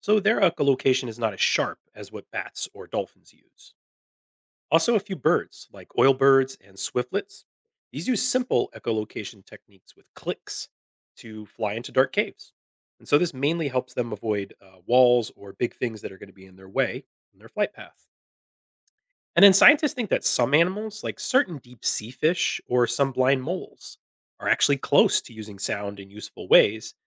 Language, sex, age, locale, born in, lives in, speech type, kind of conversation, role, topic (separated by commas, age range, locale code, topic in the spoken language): English, male, 40-44, en-US, United States, United States, read, expert-assistant, expert, How do animals use and develop echolocation?